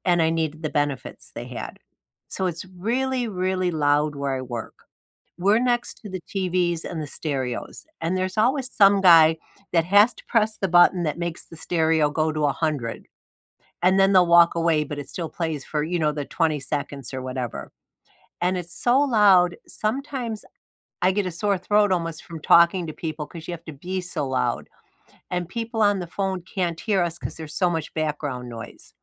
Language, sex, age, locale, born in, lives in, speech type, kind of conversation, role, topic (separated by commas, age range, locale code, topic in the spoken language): English, female, 65-69, en-US, United States, United States, conversation, unstructured, none, What's your favorite way to unwind after a long day?